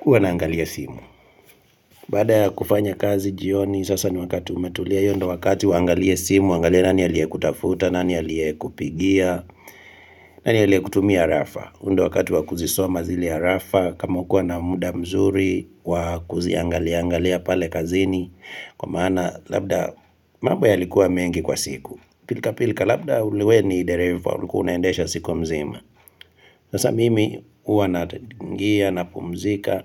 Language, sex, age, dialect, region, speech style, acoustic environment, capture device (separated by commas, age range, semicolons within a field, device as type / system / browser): Swahili, male, 25-34, Kenya - Kitui, Kenya, relaxed; excited, nature, mobile / Linux / Chrome